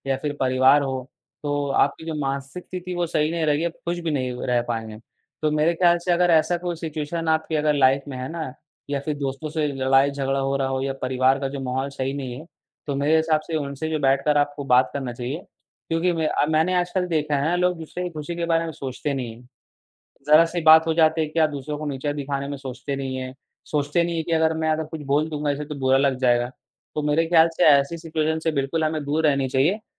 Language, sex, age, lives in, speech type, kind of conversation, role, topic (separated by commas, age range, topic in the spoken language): Hindi, male, 18-19, India, conversation, unstructured, none, खुश रहने के लिए आप कौन-कौन से छोटे-छोटे कदम उठाते हैं?